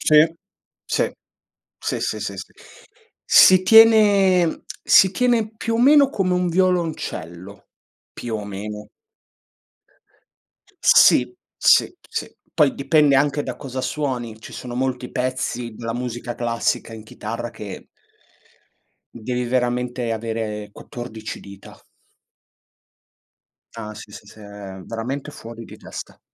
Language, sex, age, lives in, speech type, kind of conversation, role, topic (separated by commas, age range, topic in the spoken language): Italian, male, 25-29, Italy, conversation, unstructured, none, Quale hobby ti ha insegnato qualcosa di importante?